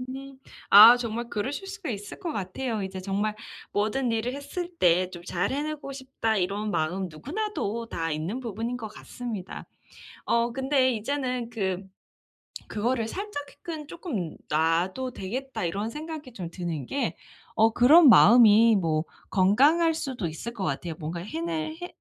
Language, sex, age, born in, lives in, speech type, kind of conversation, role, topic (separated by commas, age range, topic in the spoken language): Korean, female, 30-34, South Korea, United States, conversation, advice, advisor, 완벽주의 때문에 프로젝트를 미루지 않고 시작하려면 어떻게 해야 하나요?